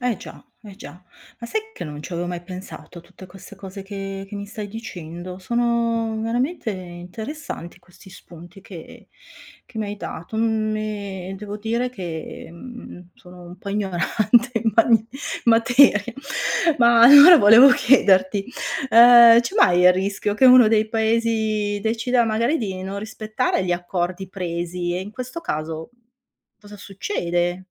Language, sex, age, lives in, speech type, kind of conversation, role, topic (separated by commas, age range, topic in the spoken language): Italian, female, 55-59, Italy, read, expert-assistant, asker, Quali sono le principali sfide della cooperazione internazionale?